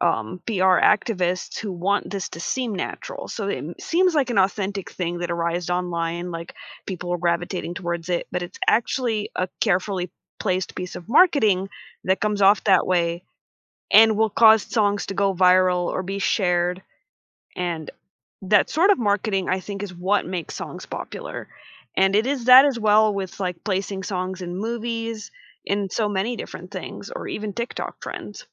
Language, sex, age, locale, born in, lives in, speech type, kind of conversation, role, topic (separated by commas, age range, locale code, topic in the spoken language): English, female, 25-29, en-US, United States, United States, conversation, podcast, guest, What factors contribute to a song becoming widely loved and recognized?